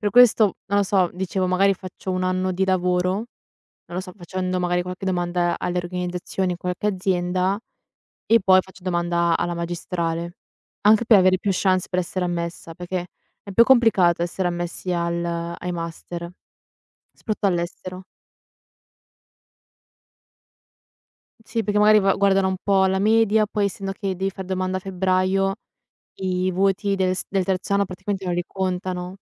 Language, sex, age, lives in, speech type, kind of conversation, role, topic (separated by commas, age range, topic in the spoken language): Italian, female, 20-24, Italy, conversation, unstructured, none, Come immagini la tua vita fra dieci anni?